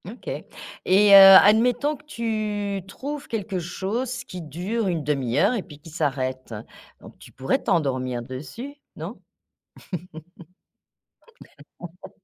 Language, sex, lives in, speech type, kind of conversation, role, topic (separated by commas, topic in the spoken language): French, female, France, conversation, podcast, host, Quels sont tes trucs pour mieux dormir ?